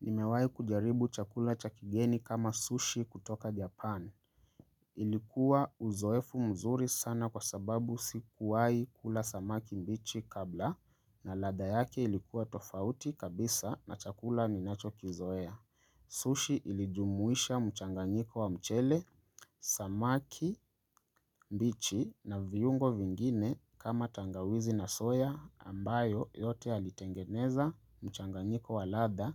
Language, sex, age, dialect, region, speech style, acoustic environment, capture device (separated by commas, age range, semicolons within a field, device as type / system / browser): Swahili, male, 25-34, Kenya - Nairobi Sheng-influenced, Kenya, relaxed; focused, silence; nature, mobile / Linux / Chrome